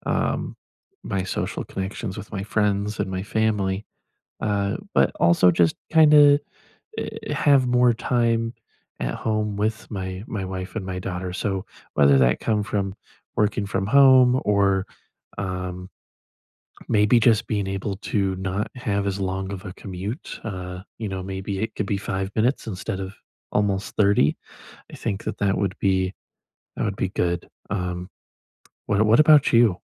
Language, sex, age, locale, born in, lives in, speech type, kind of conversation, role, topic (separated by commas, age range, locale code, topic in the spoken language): English, male, 20-24, en-US, United States, United States, conversation, unstructured, none, If you could start fresh tomorrow, how would you set up your life to support your long-term priorities?